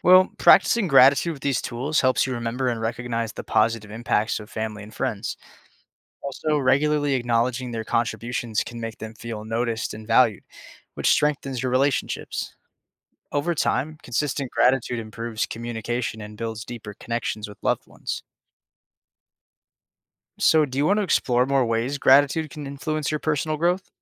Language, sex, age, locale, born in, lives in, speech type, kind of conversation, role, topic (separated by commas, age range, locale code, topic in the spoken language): English, male, 20-24, en-US, United States, United States, read, expert-assistant, expert, How does gratitude improve happiness and relationships?